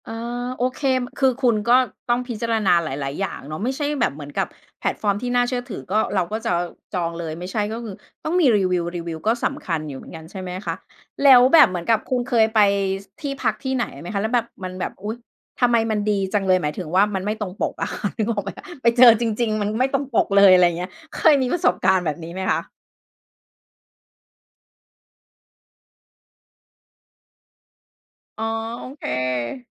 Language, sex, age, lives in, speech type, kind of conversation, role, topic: Thai, female, 40-44, Thailand, conversation, podcast, host, การเที่ยวคนเดียวเคยทำให้คุณรู้สึกว่าตัวเองเปลี่ยนไปไหม?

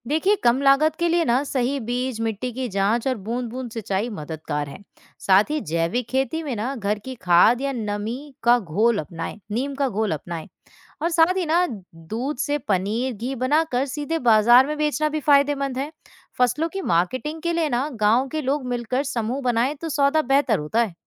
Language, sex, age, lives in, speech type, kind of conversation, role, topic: Hindi, female, 20-24, India, read, expert-assistant, expert, अगर आपके गाँव में रोज़गार के नए मौके बनाने हों, तो आप कौन-कौन से पुराने और नए तरीकों का मिलाजुला इस्तेमाल सोच सकते हैं?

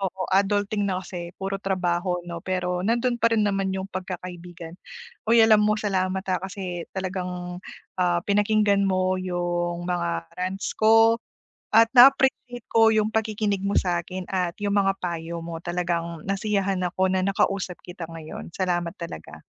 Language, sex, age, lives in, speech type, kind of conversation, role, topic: Filipino, female, 30-34, Philippines, conversation, advice, user, Paano ko malalaman kung handa na akong magmahal muli?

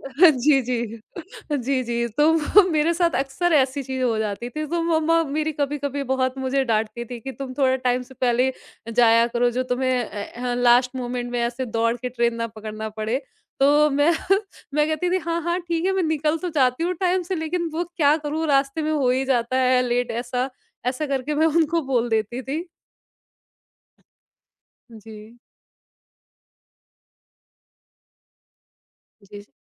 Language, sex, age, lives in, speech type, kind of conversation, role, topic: Hindi, female, 30-34, India, conversation, podcast, guest, Kisi anjaan se tumhara koi impromptu adventure hua ho, toh poora scene kya tha?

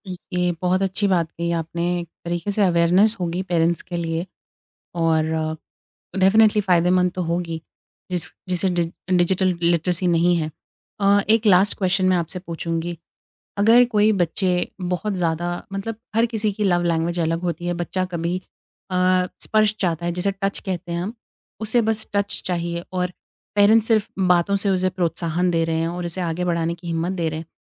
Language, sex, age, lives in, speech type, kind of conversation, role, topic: Hindi, female, 25-29, India, conversation, podcast, host, Bachchon ko apni love language samajhne aur express karne ka tareeqa kaise sikhaate ho?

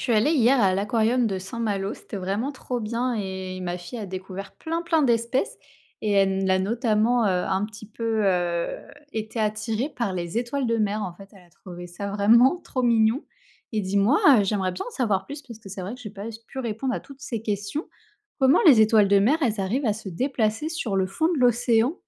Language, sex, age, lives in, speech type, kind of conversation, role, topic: French, female, 30-34, France, read, expert-assistant, asker, Comment les étoiles de mer se déplacent-elles sur le fond marin ?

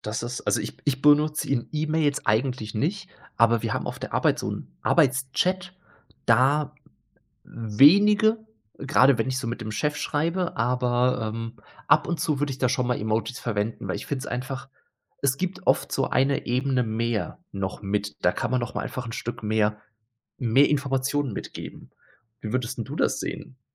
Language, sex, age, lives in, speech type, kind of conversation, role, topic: German, male, 30-34, Germany, conversation, podcast, host, Was führt deiner Erfahrung nach zu E‑Mail‑Missverständnissen?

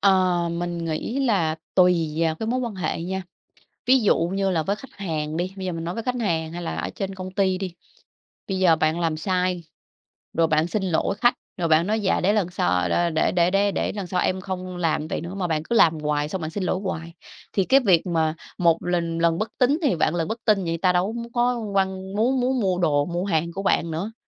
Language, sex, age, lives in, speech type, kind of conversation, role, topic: Vietnamese, female, 30-34, Vietnam, conversation, podcast, guest, Bạn nghĩ lời xin lỗi quan trọng thế nào trong quá trình hòa giải?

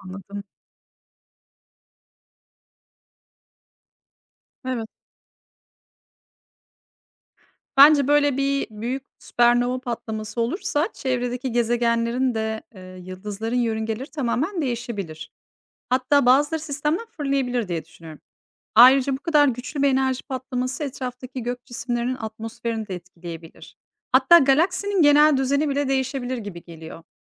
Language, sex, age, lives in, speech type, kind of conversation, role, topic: Turkish, female, 40-44, Netherlands, read, expert-assistant, asker, Kara delikler nasıl oluşur ve evreni nasıl etkiler?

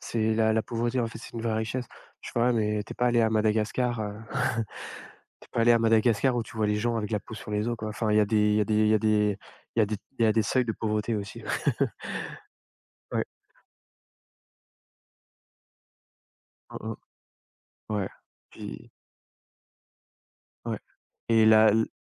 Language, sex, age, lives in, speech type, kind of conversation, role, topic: French, male, 30-34, France, conversation, unstructured, none, Quel est le plus beau souvenir que tu ramènes d’un voyage ?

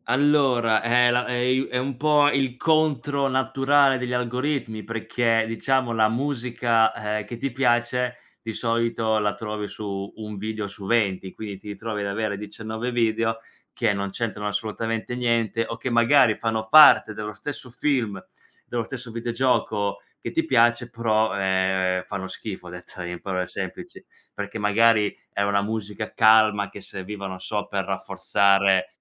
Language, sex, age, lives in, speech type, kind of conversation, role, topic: Italian, male, 25-29, Italy, conversation, podcast, guest, Come scopri oggi musica nuova, tra streaming e consigli degli amici?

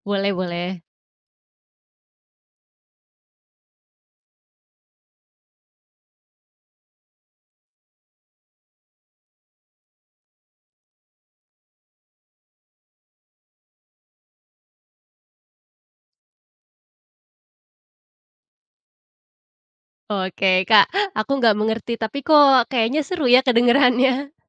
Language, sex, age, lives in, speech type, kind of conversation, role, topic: Indonesian, female, 25-29, Indonesia, conversation, podcast, host, Kebiasaan bercerita apa yang kamu warisi dari keluarga?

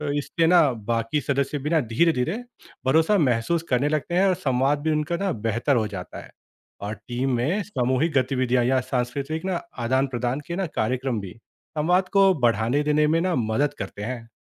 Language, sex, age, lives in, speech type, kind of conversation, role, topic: Hindi, male, 30-34, India, read, expert-assistant, expert, अलग-अलग संस्कृतियों की टीम में संवाद और भरोसा कैसे बढ़ाया जा सकता है?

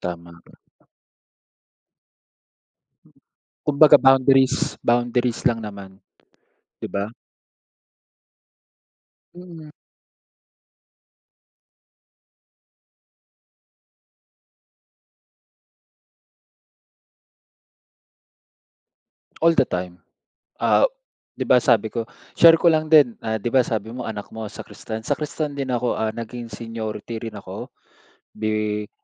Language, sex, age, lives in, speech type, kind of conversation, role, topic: Filipino, male, 30-34, Philippines, conversation, unstructured, none, Paano mo ipinapakita ang respeto sa ibang relihiyon o kultura?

